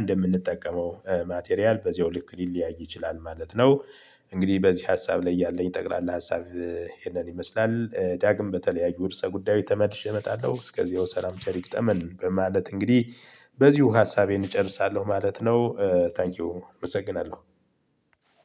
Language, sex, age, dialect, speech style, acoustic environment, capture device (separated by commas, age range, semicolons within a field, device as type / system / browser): Amharic, male, 35-44, Ethiopia - Gojjam, happy, people talking, mobile / Linux / Chrome